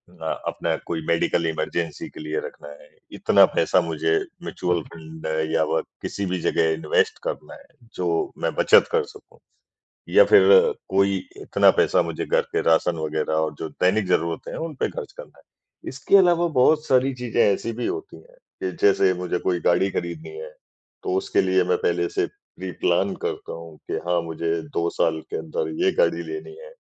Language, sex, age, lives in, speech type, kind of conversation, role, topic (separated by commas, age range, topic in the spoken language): Hindi, male, 55-59, India, conversation, unstructured, none, पैसे की बचत करने से आपकी ज़िंदगी में क्या बदलाव आया?